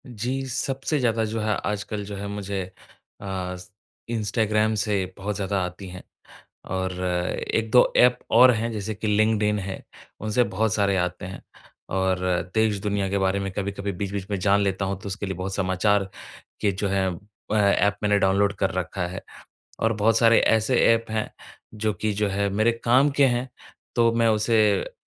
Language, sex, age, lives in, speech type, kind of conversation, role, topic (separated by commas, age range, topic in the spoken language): Hindi, male, 25-29, India, conversation, advice, user, डिजिटल सूचनाएँ मेरे काम में बार-बार बाधा क्यों डालती हैं?